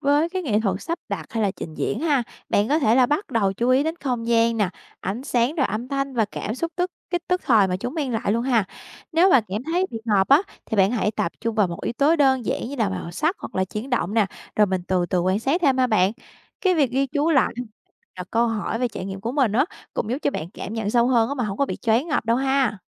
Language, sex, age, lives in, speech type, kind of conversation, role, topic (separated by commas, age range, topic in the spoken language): Vietnamese, female, 25-29, Vietnam, read, expert-assistant, expert, Làm sao để cảm nhận và bàn luận về một bức tranh mà mình chưa từng biết tới?